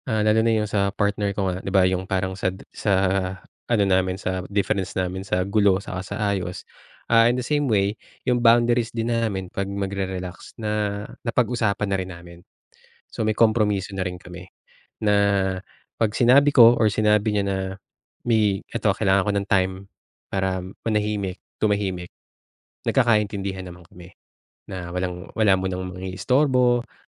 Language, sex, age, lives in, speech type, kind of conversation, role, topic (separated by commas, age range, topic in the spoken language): Filipino, male, 35-39, Philippines, conversation, podcast, guest, Ano ang maipapayo mo sa taong hirap magpahinga sa bahay?